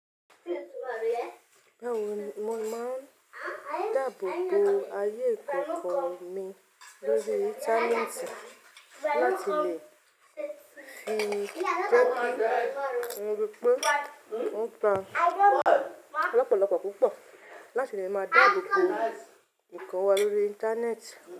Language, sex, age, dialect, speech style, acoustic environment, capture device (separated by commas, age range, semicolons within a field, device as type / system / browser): Yoruba, female, 35-44, Nigeria - Ibadan, relaxed; focused, silence; nature, mobile / Linux / Chrome